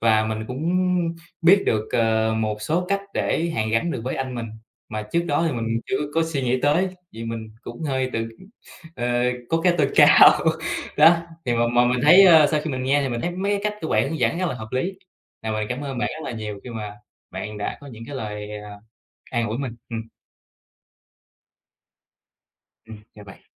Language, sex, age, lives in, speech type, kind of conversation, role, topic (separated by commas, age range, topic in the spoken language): Vietnamese, male, 25-29, Vietnam, conversation, advice, user, Bạn đang cảm thấy căng thẳng như thế nào sau cuộc cãi vã với người thân?